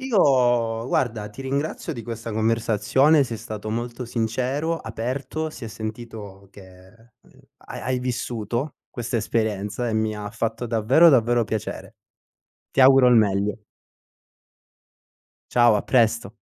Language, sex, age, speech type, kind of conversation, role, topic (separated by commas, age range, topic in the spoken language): Italian, male, 25-29, conversation, podcast, host, Cosa ti fa capire che desideri o hai bisogno di contatto umano?